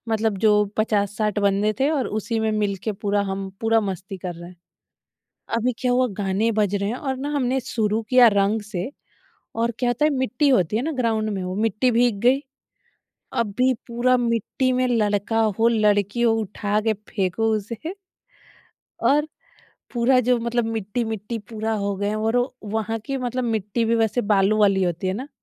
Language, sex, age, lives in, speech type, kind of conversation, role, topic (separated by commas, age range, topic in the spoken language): Hindi, female, 30-34, India, conversation, podcast, guest, Nayi jagah par desi tyohar manane ka aapka anubhav kaisa raha?